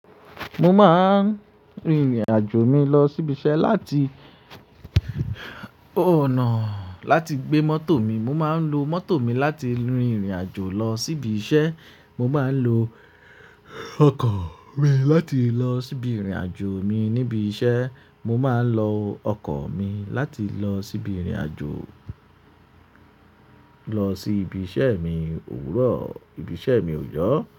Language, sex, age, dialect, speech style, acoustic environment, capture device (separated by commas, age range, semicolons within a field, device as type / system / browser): Yoruba, male, 25-34, Nigeria - Ibadan, happy; excited; focused, silence, mobile / Linux / Chrome